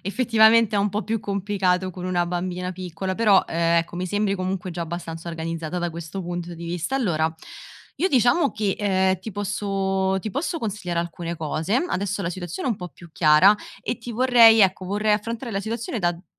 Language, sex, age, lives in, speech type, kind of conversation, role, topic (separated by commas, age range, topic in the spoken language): Italian, female, 25-29, Italy, conversation, advice, advisor, Come faccio a trovare il regalo giusto per qualcuno?